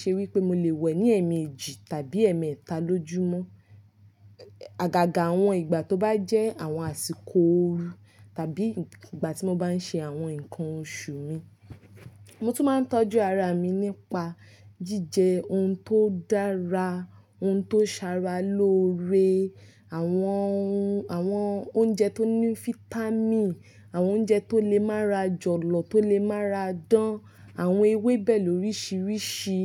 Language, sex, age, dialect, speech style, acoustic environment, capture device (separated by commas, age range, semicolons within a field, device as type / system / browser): Yoruba, female, 25-34, Nigeria - Nigerian Standard, focused, nature, mobile / Linux / Chrome